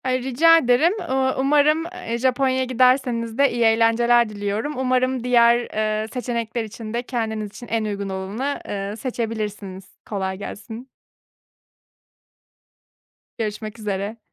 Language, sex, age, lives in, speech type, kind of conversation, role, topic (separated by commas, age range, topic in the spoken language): Turkish, female, 30-34, Germany, conversation, advice, advisor, Uzun vadeli hedeflerim için kısa vadeli zevklerden nasıl feragat edebilirim?